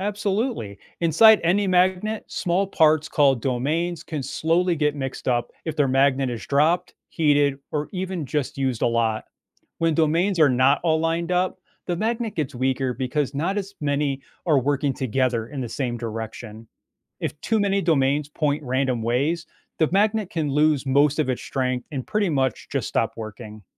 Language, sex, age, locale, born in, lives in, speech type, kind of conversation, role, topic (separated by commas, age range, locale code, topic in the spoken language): English, male, 45-49, en-US, United States, United States, read, expert-assistant, expert, How do magnets attract or repel each other?